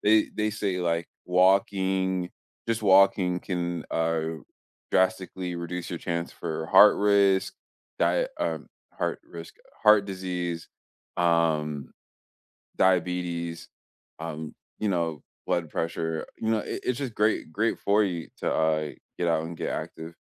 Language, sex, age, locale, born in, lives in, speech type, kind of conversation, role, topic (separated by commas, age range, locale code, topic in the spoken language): English, male, 25-29, en-US, United States, United States, conversation, unstructured, none, What is the most unusual benefit of regular physical activity?